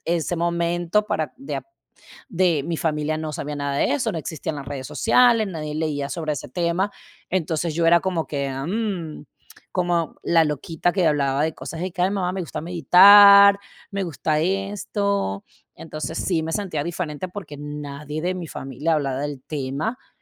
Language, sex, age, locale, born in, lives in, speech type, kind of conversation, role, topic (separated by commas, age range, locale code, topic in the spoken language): Spanish, female, 35-39, es-VE, Venezuela, United States, conversation, unstructured, none, ¿Alguna vez te has sentido diferente a los demás?